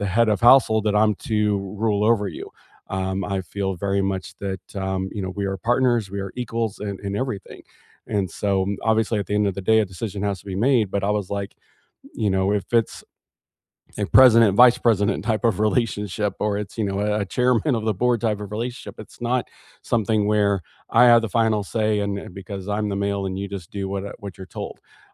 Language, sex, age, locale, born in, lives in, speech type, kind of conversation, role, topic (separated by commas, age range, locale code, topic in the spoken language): English, male, 40-44, en-US, United States, United States, conversation, unstructured, none, How do cultural rules and changing social norms shape your romantic relationships?